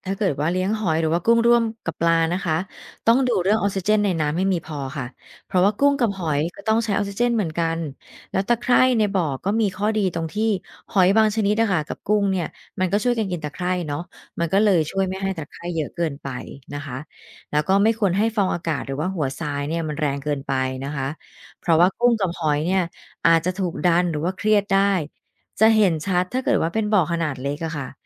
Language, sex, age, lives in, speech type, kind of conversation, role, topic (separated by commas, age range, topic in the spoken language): Thai, female, 45-49, Thailand, read, expert-assistant, expert, ทำไมฟองอากาศจึงลอยขึ้นสู่ผิวน้ำ